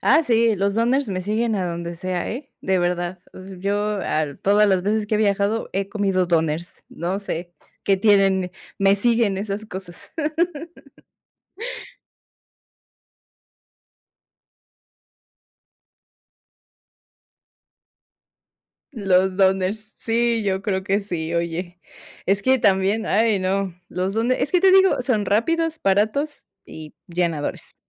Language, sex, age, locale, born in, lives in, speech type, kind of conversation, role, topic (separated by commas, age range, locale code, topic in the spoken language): Spanish, female, 30-34, es-MX, Mexico, Mexico, conversation, podcast, guest, ¿Cuál ha sido tu comida callejera favorita durante un viaje?